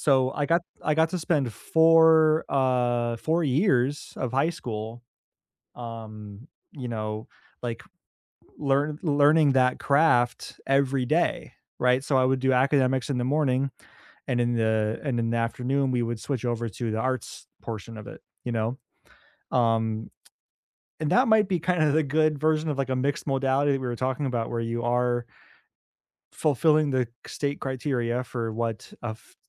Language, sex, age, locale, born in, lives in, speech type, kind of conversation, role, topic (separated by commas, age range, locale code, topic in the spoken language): English, male, 30-34, en-US, United States, United States, conversation, unstructured, none, Should students have more say in what they learn?